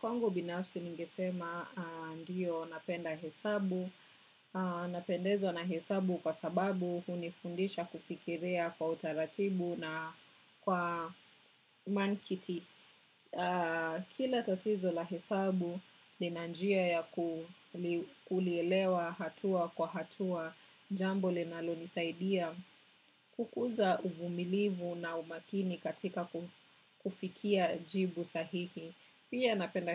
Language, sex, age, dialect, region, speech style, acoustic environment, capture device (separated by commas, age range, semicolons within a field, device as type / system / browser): Swahili, female, 25-34, Kenya - Nairobi Sheng-influenced, Kenya, relaxed, silence, desktop / macOS / Chrome